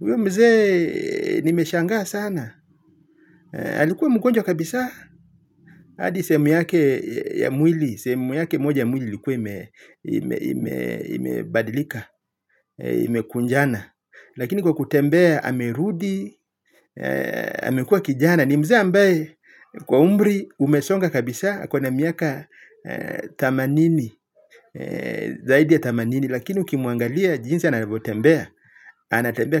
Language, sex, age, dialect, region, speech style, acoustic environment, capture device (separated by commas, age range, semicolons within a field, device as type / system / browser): Swahili, male, 35-44, Kenya - Mombasa, Kenya, happy, silence, mobile / Linux / Chrome